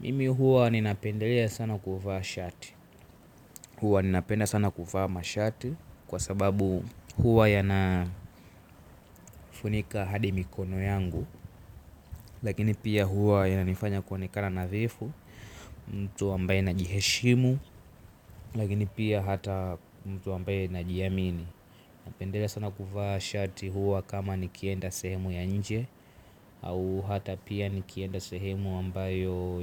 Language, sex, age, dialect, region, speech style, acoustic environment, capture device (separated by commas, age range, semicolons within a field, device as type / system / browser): Swahili, male, 18-24, Tanzania - Dar es Salaam, Tanzania, relaxed, silence, mobile / Linux / Chrome